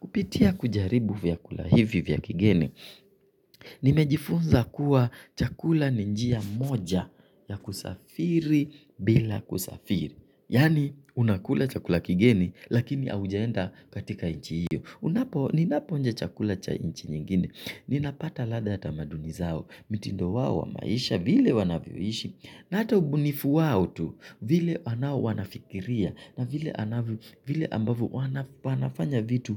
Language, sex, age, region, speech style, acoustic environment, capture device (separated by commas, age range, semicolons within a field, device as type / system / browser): Swahili, male, 25-34, Kenya, relaxed, nature, mobile / Linux / Chrome